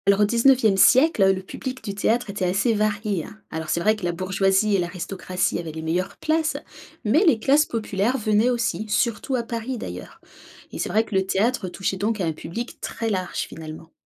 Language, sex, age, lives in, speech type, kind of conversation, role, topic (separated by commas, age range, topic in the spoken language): French, female, 30-34, France, read, expert-assistant, expert, Quand on veut découvrir la société d’autrefois, vaut-il mieux lire un roman classique ou une pièce de théâtre ?